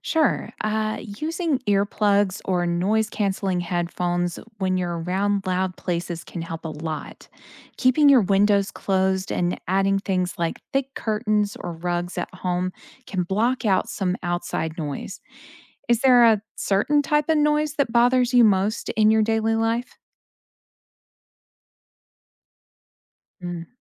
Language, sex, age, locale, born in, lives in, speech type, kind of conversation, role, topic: English, female, 35-39, en-US, United States, United States, read, expert-assistant, expert, What are the effects of noise pollution on health?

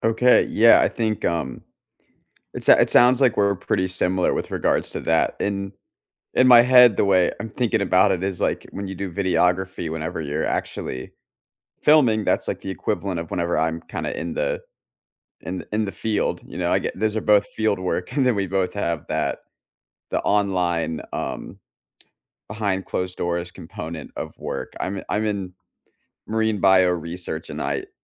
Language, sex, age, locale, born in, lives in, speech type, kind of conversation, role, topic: English, male, 25-29, en-US, United States, United States, conversation, unstructured, none, What kind of work environment helps you do your best, and what helps you sustain it?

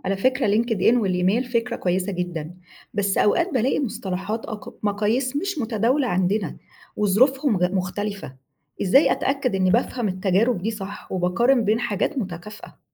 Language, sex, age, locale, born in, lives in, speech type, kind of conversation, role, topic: Arabic, female, 35-39, ar-EG, Egypt, Egypt, read, expert-assistant, asker, إزاي أقدر أتابع وأقارن بين تقنيات إنتاج الأكل التقليدية والجديدة في العالم، وأعرف إيه المناسب لبلدنا؟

